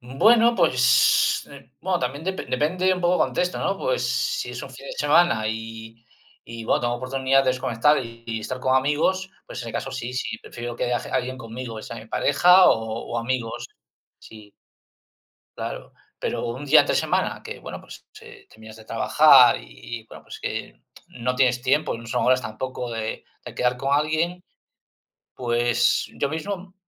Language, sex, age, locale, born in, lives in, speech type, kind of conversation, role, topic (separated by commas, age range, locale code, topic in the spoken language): Spanish, male, 50-54, es-CL, Spain, Spain, conversation, unstructured, none, ¿Cómo te motivas cuando te sientes desanimado?